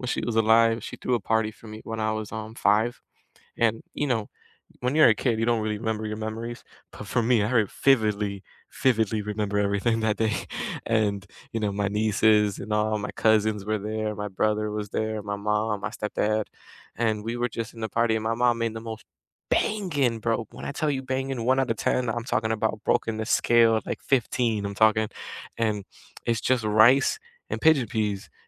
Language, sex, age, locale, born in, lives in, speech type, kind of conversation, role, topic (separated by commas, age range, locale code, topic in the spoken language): English, male, 20-24, en-US, United States, United States, conversation, unstructured, none, What comfort meal do you love, and what memory is attached to it?